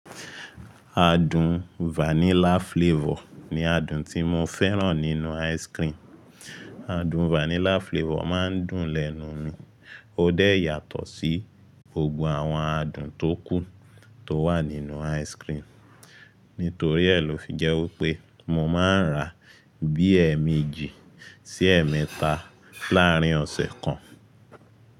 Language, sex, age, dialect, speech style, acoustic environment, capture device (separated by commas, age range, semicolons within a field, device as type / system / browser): Yoruba, male, 25-34, Nigeria - Lagos Yoruba, relaxed, silence, mobile / Linux / Chrome